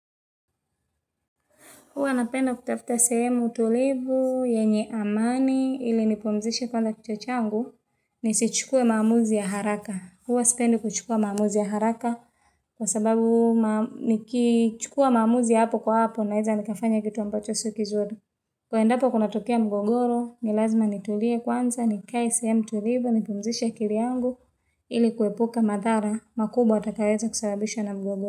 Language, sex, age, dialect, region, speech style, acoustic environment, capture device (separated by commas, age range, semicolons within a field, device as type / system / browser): Swahili, female, 25-34, Tanzania - Dar es Salaam, Tanzania, happy, silence, mobile / Linux / Chrome